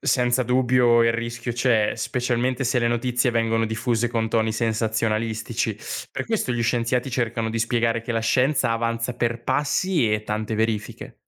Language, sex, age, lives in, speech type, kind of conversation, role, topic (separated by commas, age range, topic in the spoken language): Italian, male, 20-24, Italy, read, expert-assistant, expert, Che strategie usano gli scienziati per cercare tracce di vita nell'universo?